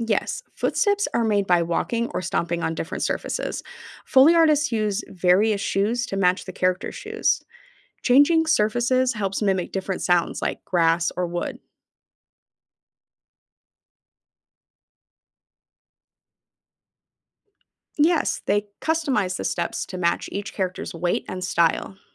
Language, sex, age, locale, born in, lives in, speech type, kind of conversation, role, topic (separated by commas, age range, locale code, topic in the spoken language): English, female, 35-39, en-US, United States, United States, read, expert-assistant, expert, Explain how foley artists create movie sound effects.